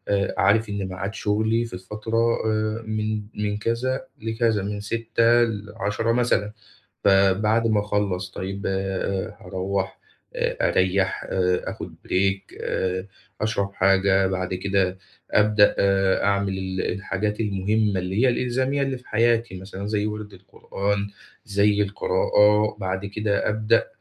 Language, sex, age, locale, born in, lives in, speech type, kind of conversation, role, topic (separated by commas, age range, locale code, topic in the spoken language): Arabic, male, 25-29, ar-EG, Egypt, Egypt, conversation, advice, advisor, إزاي أبدأ أتابع عاداتي اليومية عشان أزود وعيي بنفسي؟